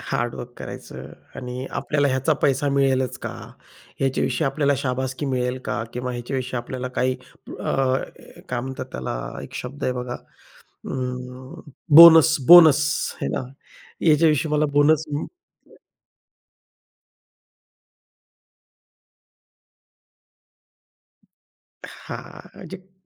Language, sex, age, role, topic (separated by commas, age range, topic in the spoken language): Marathi, male, 40-44, guest, तुमच्या जीवनातील मुख्य मूल्ये कोणती आहेत?